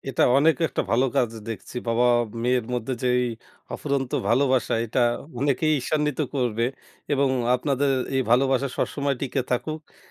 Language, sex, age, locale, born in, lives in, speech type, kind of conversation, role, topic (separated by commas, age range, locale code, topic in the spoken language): Bengali, male, 25-29, bn-BD, Bangladesh, Bangladesh, conversation, podcast, host, আপনারা ঘরের কাজগুলো কীভাবে ভাগ করে নেওয়ার সিদ্ধান্ত নেন?